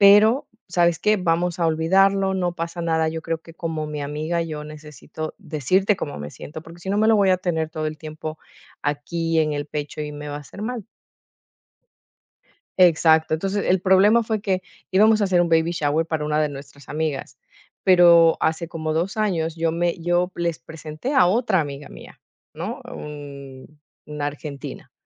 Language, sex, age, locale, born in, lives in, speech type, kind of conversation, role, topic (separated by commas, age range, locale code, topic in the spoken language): Spanish, female, 40-44, es-EC, Ecuador, Netherlands, conversation, advice, user, ¿Cómo puedo confrontar a alguien sin miedo a empeorar la relación o a fallar?